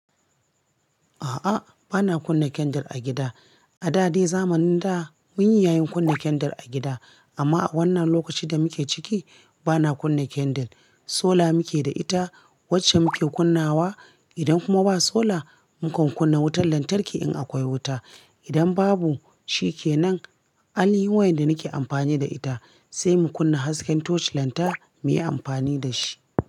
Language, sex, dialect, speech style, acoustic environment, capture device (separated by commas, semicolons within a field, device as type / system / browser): Hausa, female, Nigeria - Kano, relaxed; focused, appliances; silence; nature, mobile / Linux / Chrome